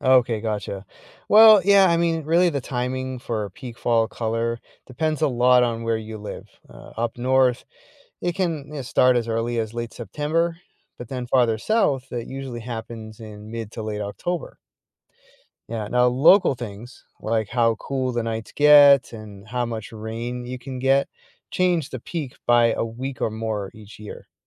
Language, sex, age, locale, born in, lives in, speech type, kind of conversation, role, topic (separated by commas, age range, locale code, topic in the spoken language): English, male, 45-49, en-US, United States, United States, read, expert-assistant, expert, Why do leaves change color in fall?